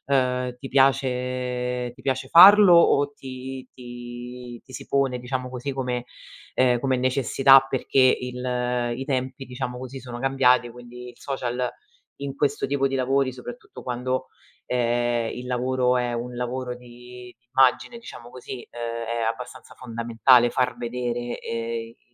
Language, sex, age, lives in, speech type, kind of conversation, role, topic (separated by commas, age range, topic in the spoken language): Italian, female, 35-39, Italy, conversation, podcast, host, Come scegli dove pubblicare o esporre il tuo lavoro?